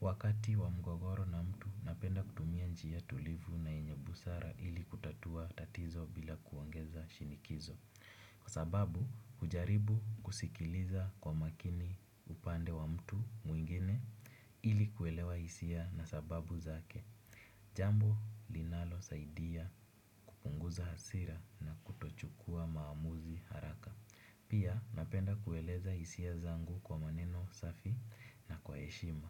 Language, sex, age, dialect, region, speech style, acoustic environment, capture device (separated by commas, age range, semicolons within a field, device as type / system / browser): Swahili, male, 18-24, Kenya - Nairobi Sheng-influenced, Kenya, happy, nature, desktop / Windows / Chrome